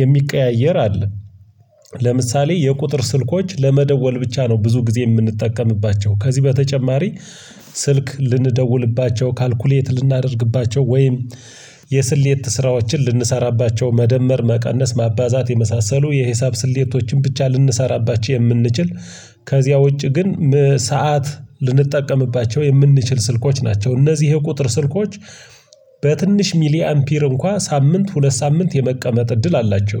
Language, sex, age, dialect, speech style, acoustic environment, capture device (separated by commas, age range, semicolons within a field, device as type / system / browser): Amharic, male, 25-34, Ethiopia - Gojjam, tired, nature; silence, mobile / Linux / Chrome